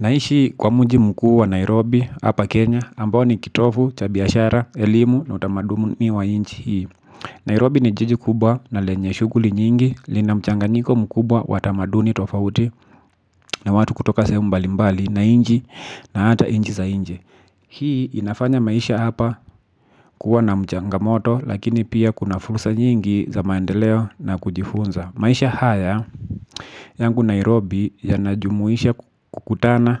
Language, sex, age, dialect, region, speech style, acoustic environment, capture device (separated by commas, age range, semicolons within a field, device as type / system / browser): Swahili, male, 25-34, Kenya - Nairobi Sheng-influenced, Kenya, relaxed, silence, mobile / Linux / Chrome